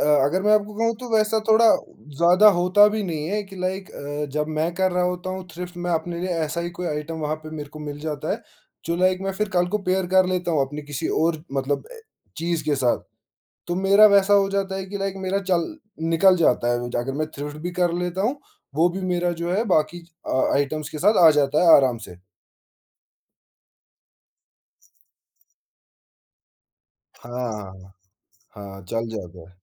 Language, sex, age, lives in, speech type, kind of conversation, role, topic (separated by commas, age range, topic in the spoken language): Hindi, male, 20-24, India, conversation, podcast, guest, Thrift shopping ka tumhara experience kaisa raha hai?